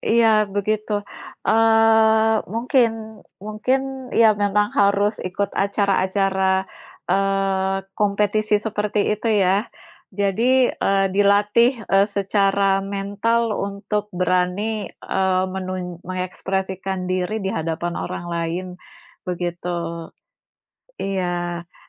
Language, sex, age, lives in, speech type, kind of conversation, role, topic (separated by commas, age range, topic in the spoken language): Indonesian, female, 40-44, Indonesia, conversation, unstructured, none, Apa hal yang ingin kamu coba untuk mengekspresikan diri, tetapi belum berani?